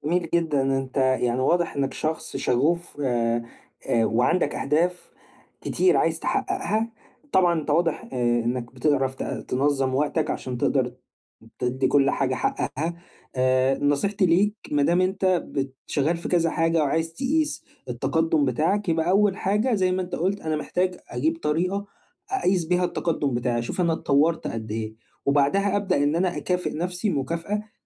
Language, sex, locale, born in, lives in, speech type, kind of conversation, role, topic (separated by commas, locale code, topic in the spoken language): Arabic, male, ar-EG, Egypt, Egypt, conversation, advice, advisor, إزاي ألاحظ وأحتفل بالتقدّم الصغير في حياتي اليومية؟